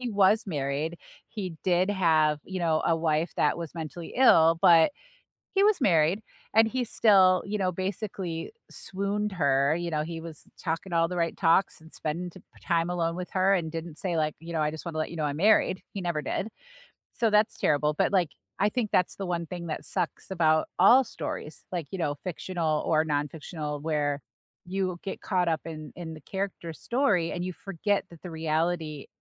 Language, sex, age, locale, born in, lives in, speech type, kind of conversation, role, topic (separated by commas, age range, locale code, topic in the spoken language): English, female, 45-49, en-US, United States, United States, conversation, unstructured, none, What story—book, show, or movie—has been living rent-free in your head lately, and why?